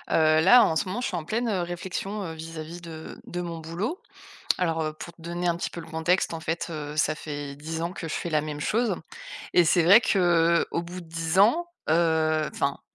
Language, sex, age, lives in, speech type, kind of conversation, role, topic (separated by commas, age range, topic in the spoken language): French, female, 35-39, France, conversation, advice, user, Comment puis-je trouver plus de sens dans ma carrière ?